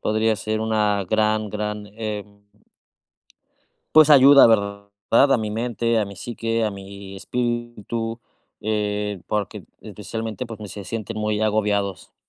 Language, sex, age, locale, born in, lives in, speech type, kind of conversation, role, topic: Spanish, male, 30-34, es-MX, Mexico, Mexico, conversation, advice, user, ¿Cómo puedo manejar la incertidumbre después de un cambio significativo?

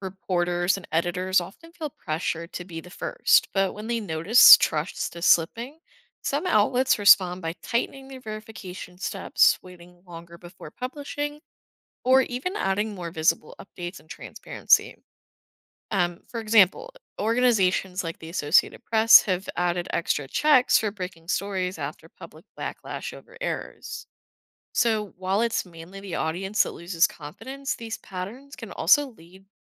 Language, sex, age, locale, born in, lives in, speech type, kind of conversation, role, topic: English, female, 20-24, en-US, United States, United States, read, expert-assistant, expert, What is journalistic integrity and why is it important?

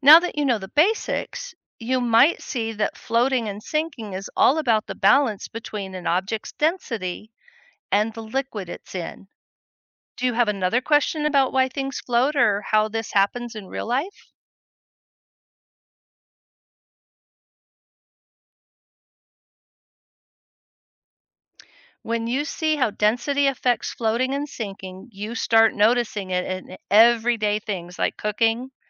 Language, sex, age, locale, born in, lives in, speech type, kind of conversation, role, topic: English, female, 60-64, en-US, United States, United States, read, expert-assistant, expert, Why does ice float on water?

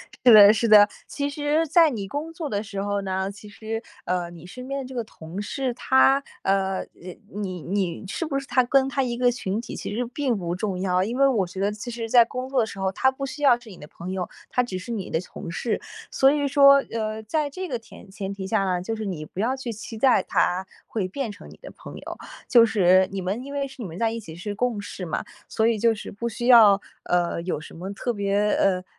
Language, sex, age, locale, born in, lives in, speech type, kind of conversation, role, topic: Chinese, female, 20-24, zh-CN, China, United States, conversation, advice, advisor, 你为什么会回避社交机会，从而让自己的孤立感越来越强？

